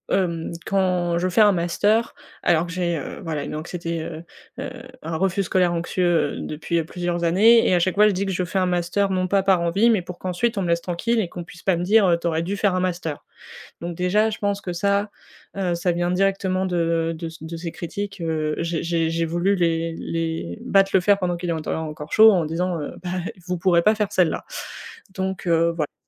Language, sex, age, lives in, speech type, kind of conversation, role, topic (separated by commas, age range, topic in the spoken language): French, female, 20-24, France, conversation, advice, user, Comment faire face au sentiment d’être jugé·e pour ses choix de vie ?